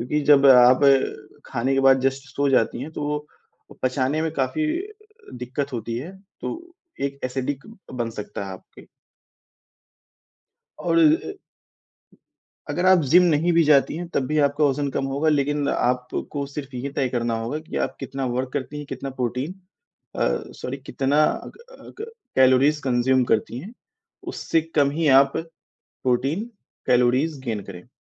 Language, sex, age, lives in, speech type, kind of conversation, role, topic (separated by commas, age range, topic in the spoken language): Hindi, male, 20-24, India, conversation, advice, advisor, मैं वास्तविक और मापनीय लक्ष्य कैसे तय करूँ?